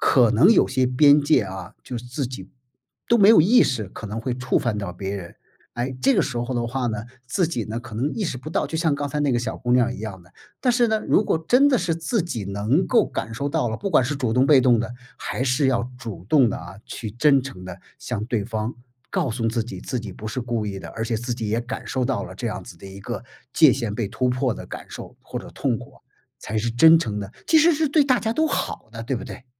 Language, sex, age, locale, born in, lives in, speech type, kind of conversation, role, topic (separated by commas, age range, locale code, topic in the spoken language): Chinese, male, 55-59, zh-CN, China, United States, conversation, podcast, host, 什么样的道歉会让你觉得真诚？